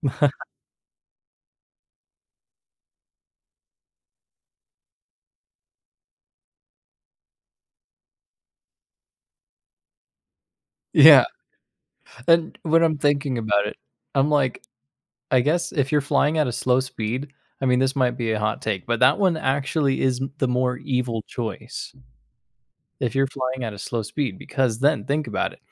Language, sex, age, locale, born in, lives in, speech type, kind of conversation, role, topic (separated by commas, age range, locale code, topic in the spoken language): English, male, 20-24, en-US, United States, United States, conversation, unstructured, none, Would you rather be able to teleport anywhere instantly or fly at a slow speed?